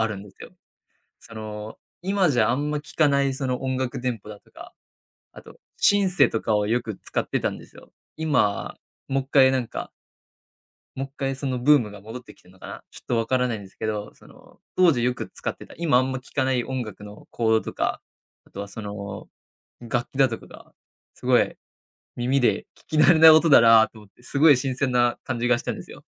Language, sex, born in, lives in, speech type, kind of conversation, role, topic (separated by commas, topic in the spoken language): Japanese, male, Japan, Japan, conversation, podcast, guest, 子どものころと比べて、音楽の好みはどのように変わりましたか？